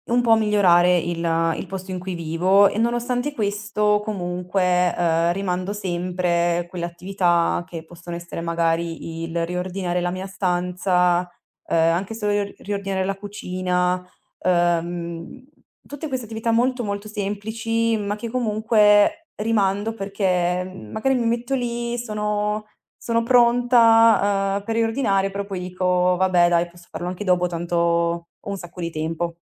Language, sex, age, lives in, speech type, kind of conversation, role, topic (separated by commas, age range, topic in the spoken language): Italian, female, 20-24, Italy, conversation, advice, user, Gestione del tempo e procrastinazione costante